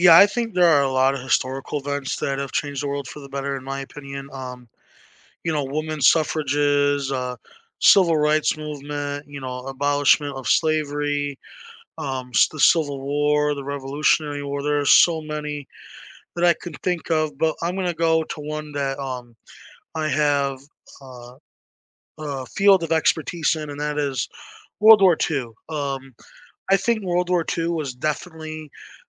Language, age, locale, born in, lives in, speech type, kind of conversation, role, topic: English, 20-24, en-US, United States, United States, conversation, unstructured, none, What historical event do you think changed the world for the better?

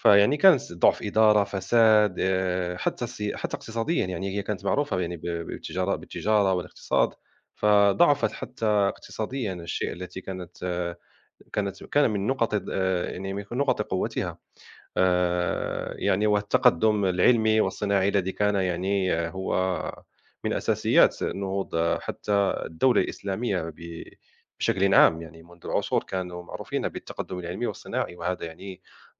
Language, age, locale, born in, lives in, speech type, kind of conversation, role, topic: Arabic, 30-34, ar-MA, Morocco, France, conversation, unstructured, none, إيه الدروس اللي ممكن نتعلمها من سقوط الدولة العثمانية؟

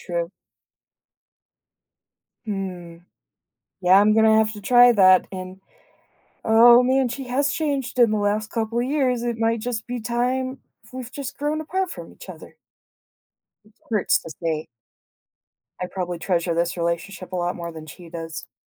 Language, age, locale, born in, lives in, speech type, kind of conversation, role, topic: English, 25-29, en-US, United States, United States, conversation, advice, user, How can I talk to a close friend about repeated broken promises without losing the friendship?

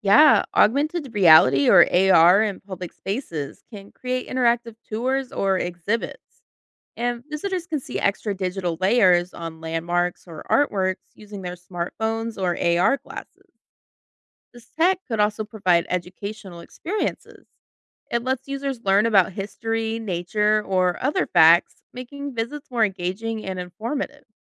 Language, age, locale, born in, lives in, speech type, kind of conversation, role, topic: English, 30-34, en-US, United States, United States, read, expert-assistant, expert, How does the design of public spaces impact community well-being?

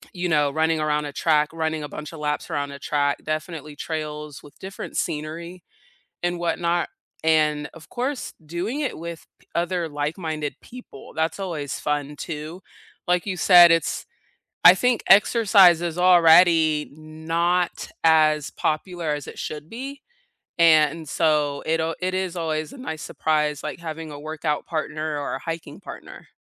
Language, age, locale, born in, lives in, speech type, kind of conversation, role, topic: English, 30-34, en-US, South Korea, United States, conversation, unstructured, none, What kind of environment makes exercising most enjoyable for you, and how could you share that experience with someone else?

